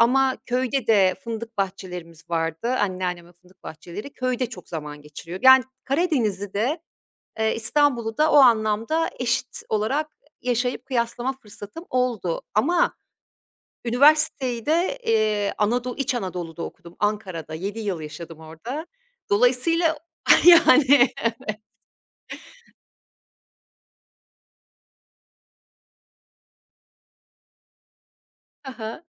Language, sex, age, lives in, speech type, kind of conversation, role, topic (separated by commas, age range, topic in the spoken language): Turkish, female, 50-54, Italy, conversation, podcast, guest, Kişisel alan mesafesi kültürden kültüre nasıl değişir?